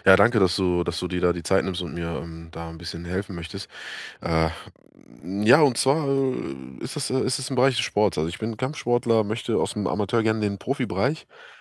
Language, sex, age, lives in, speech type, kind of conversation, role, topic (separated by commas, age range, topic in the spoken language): German, male, 30-34, Germany, conversation, advice, user, Wie kann ich motiviert bleiben, wenn ich auf ein Ziel hinarbeite?